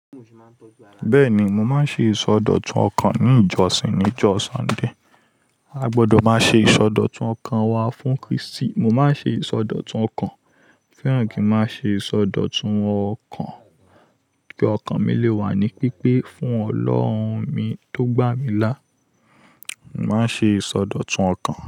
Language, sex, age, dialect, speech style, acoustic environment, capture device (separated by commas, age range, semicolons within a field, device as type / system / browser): Yoruba, male, 25-34, Nigeria - Lagos Yoruba, focused, people talking, mobile / Linux / Chrome